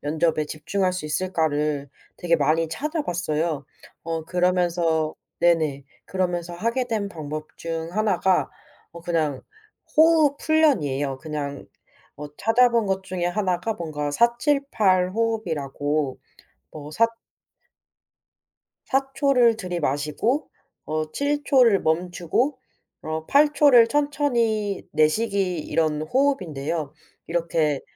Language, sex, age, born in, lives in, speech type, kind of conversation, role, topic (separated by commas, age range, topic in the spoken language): Korean, female, 25-29, South Korea, South Korea, conversation, podcast, guest, 불안할 때 주로 어떤 방법을 쓰세요?